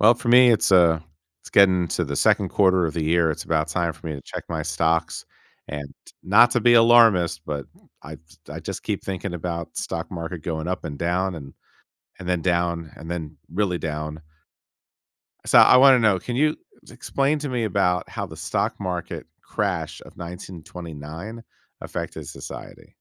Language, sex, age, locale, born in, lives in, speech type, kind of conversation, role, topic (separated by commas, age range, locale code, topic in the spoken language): English, male, 50-54, en-US, United States, United States, read, expert-assistant, asker, Can you explain how the stock market crash of 1929 affected society?